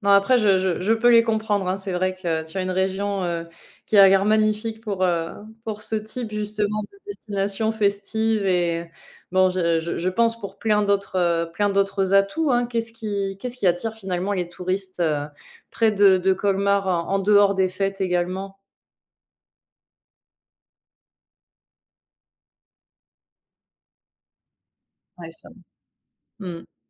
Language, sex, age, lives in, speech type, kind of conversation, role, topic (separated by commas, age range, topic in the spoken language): French, female, 25-29, France, conversation, podcast, host, As-tu une astuce pour visiter un lieu sans être entouré de touristes ?